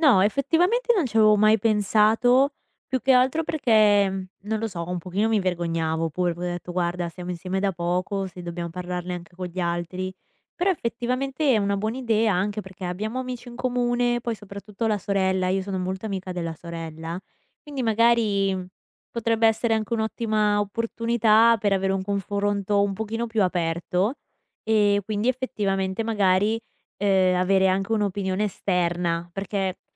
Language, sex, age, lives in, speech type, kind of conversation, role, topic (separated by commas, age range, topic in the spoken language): Italian, female, 20-24, United States, conversation, advice, user, Come comunichi i tuoi bisogni emotivi nella relazione?